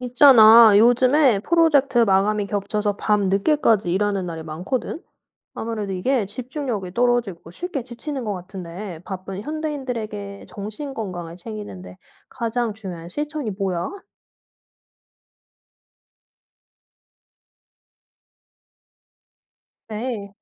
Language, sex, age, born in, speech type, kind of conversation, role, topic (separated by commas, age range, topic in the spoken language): Korean, female, 30-34, South Korea, read, expert-assistant, asker, 정신 건강을 위한 다양한 자기 관리 방법 중에서, 현대인에게 가장 우선순위를 두어야 할 실천은 무엇일까요?